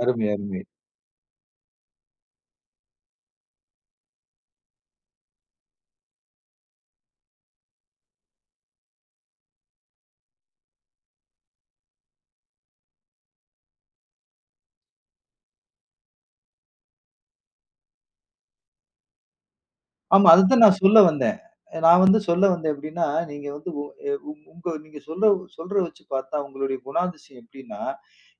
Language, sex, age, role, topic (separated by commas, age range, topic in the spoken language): Tamil, male, 40-44, host, தனிமையில் இருக்கும் போது உங்கள் ஊக்கத்தை நீங்கள் வழக்கமாக எப்படிக் கண்டுபிடிக்கிறீர்கள்?